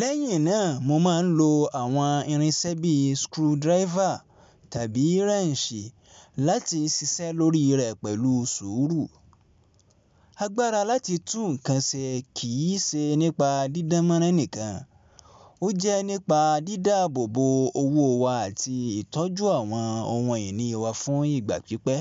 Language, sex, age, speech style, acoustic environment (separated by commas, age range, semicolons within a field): Yoruba, male, 25-34, relaxed, people talking; traffic; appliances